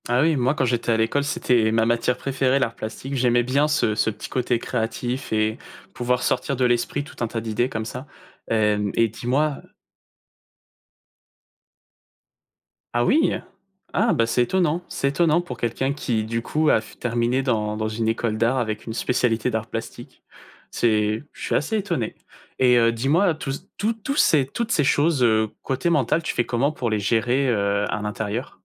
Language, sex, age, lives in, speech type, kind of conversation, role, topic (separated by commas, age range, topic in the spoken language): French, male, 20-24, France, conversation, podcast, host, Comment trouver son propre style sans copier les autres ?